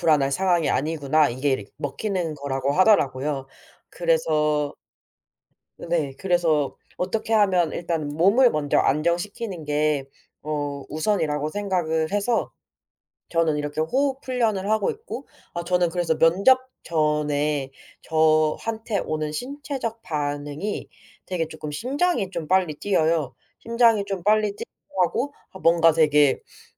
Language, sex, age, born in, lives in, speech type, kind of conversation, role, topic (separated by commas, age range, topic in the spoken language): Korean, female, 25-29, South Korea, South Korea, conversation, podcast, guest, 불안할 때 주로 어떤 방법을 쓰세요?